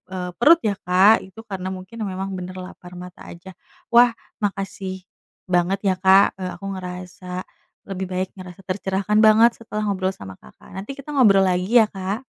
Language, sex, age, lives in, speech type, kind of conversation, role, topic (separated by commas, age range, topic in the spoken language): Indonesian, female, 30-34, Indonesia, conversation, advice, user, Bagaimana cara menahan keinginan untuk ngemil di antara waktu makan tanpa merasa kelaparan?